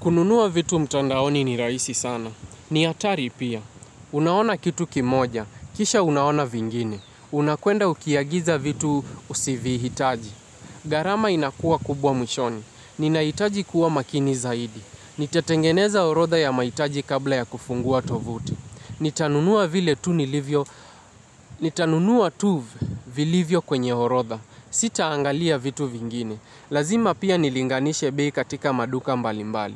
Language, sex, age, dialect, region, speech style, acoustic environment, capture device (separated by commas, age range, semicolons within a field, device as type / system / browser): Swahili, male, 18-24, Kenya - Mombasa, Kenya, happy, nature, mobile / Linux / Chrome